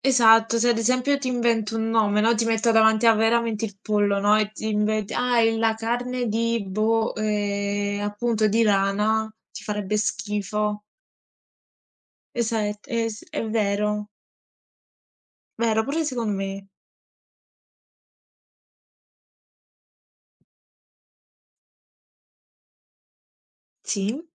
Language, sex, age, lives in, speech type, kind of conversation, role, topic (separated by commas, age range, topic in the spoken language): Italian, female, 20-24, Italy, conversation, unstructured, none, Qual è il cibo più strano che hai mai provato?